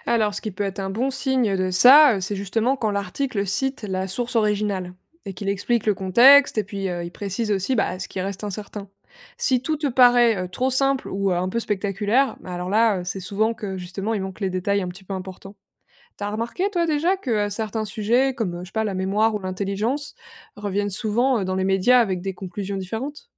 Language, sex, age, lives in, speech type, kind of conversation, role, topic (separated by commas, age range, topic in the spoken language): French, female, 25-29, France, read, expert-assistant, expert, Peut-on vraiment croire tout ce qu’on lit sur les expériences en psychologie sociale ?